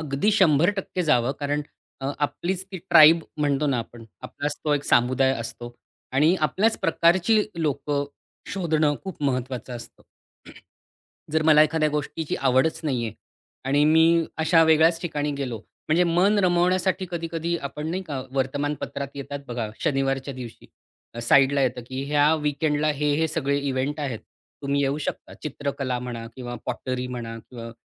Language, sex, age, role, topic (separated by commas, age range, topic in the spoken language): Marathi, male, 40-44, guest, एकटेपणावर मात करण्यासाठी तुम्ही काय करता?